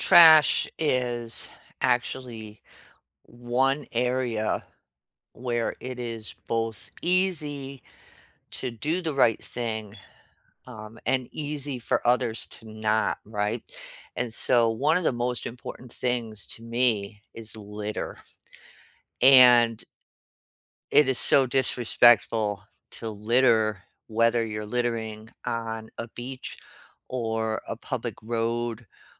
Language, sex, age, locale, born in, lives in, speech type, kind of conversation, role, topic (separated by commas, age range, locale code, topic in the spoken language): English, female, 55-59, en-US, United States, United States, conversation, unstructured, none, How can you plan travel that respects the environment and local communities, and which simple choices make the biggest difference?